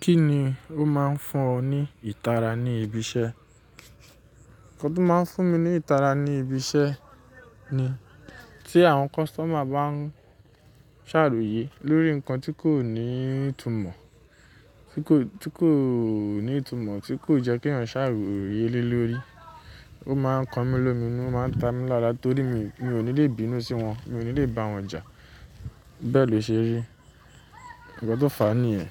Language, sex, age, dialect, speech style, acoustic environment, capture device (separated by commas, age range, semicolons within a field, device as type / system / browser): Yoruba, male, 25-34, Nigeria - Nigerian Standard, relaxed, nature, mobile / Linux / Chrome